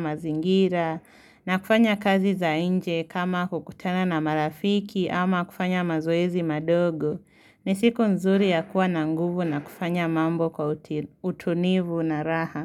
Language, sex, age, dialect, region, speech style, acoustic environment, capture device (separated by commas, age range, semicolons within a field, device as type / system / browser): Swahili, female, 18-24, Kenya - Nairobi Sheng-influenced, Kenya, excited; focused, silence, mobile / Linux / Chrome